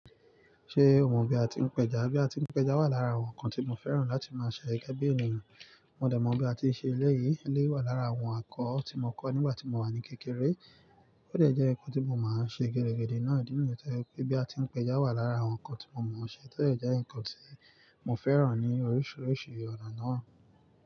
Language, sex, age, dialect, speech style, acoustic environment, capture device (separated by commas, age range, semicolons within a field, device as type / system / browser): Yoruba, male, 25-34, Nigeria - Nigerian Standard, relaxed, nature, mobile / Linux / Chrome